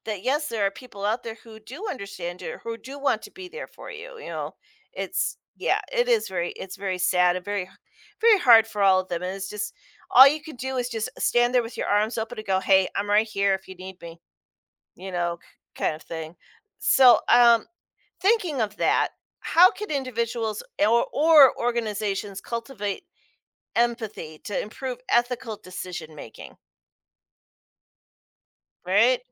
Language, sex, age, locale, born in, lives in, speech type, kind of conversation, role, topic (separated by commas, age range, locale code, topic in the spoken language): English, female, 45-49, en-US, United States, United States, conversation, podcast, host, How does understanding others' feelings shape the way we make moral choices?